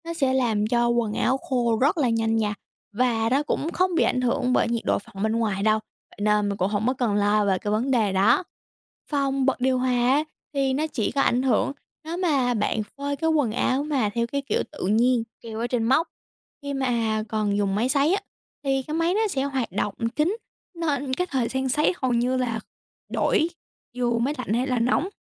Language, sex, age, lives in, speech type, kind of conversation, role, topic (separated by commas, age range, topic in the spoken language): Vietnamese, female, 18-19, United States, read, expert-assistant, expert, Vì sao khi trời nắng, quần áo phơi nhanh khô?